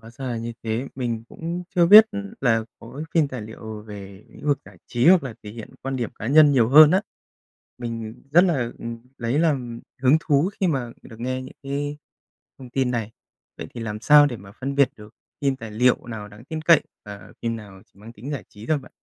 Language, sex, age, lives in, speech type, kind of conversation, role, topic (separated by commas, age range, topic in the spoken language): Vietnamese, male, 30-34, Japan, read, expert-assistant, asker, Ảnh hưởng của việc xem phim tài liệu đến kiến thức thực tế